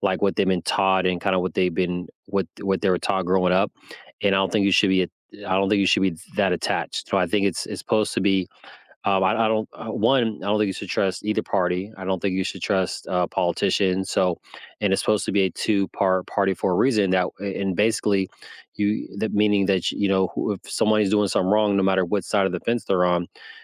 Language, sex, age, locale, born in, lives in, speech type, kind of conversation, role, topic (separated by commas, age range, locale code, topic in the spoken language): English, male, 35-39, en-US, United States, United States, conversation, unstructured, none, Why do people get angry when their beliefs are challenged?